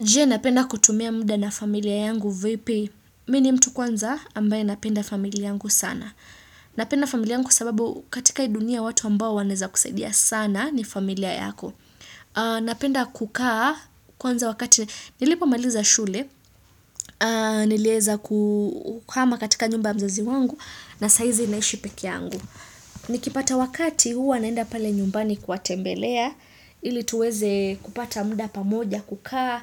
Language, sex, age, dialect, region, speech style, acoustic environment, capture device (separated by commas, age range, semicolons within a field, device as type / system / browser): Swahili, female, 25-34, Kenya - Nairobi Sheng-influenced, Kenya, excited; focused; happy, silence, desktop / Windows / Chrome